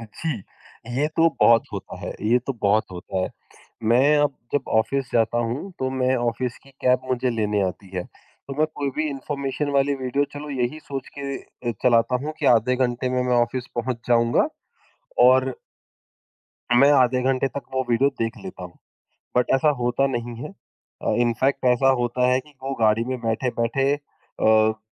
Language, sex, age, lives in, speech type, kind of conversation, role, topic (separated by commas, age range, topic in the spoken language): Hindi, female, 55-59, India, conversation, podcast, guest, Social media scroll karna tumhare liye escapism hai ya distraction?